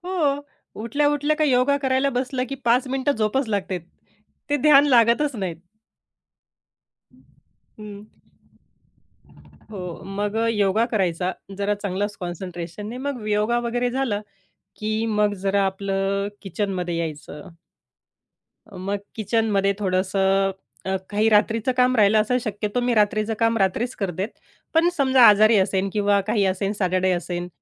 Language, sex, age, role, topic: Marathi, female, 30-34, guest, तुमची सर्जनशील दिनचर्या कशी असते, सांगाल का?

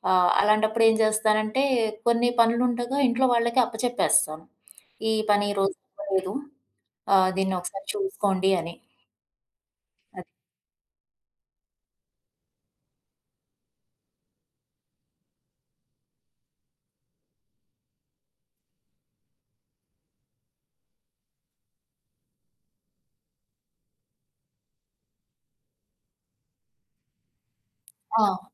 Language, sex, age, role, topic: Telugu, female, 35-39, guest, కష్టకాలంలోనూ మీ దినచర్యను కొనసాగించడానికి మీకు ప్రేరణను ఇచ్చేది ఏమిటి?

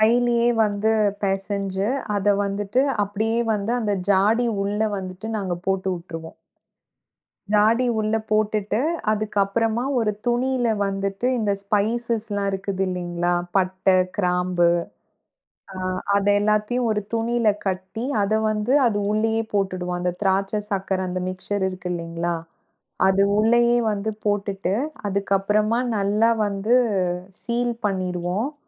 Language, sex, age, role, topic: Tamil, female, 35-39, guest, கொண்டாட்ட நேரத்தில் நீங்கள் என்னென்ன உணவுகள் சமைப்பீர்கள்?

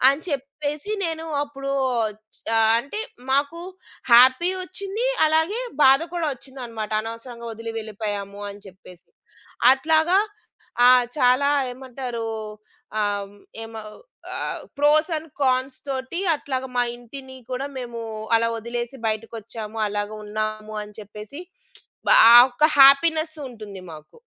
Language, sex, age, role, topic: Telugu, female, 35-39, guest, మీ ఇంటిలో అడుగు పెట్టగానే మీకు ముందుగా ఏ అనుభూతి కలుగుతుంది?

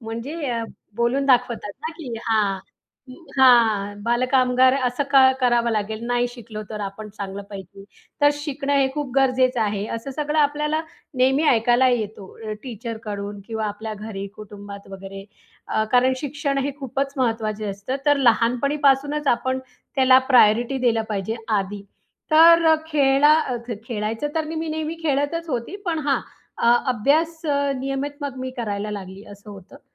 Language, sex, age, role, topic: Marathi, female, 35-39, guest, एखाद्या परीक्षेत नापास झाल्यावर तुम्ही काय शिकलात?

